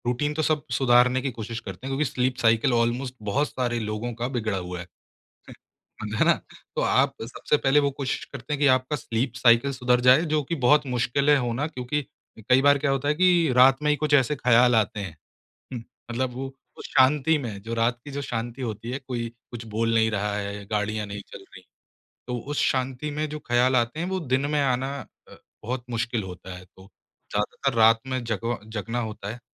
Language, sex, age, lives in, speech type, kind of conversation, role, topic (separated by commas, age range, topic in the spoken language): Hindi, male, 25-29, India, conversation, podcast, guest, Jab tumhari rachnatmak urja kam ho jaati hai, toh tum khud ko kaise phir se taro-taaza karte ho?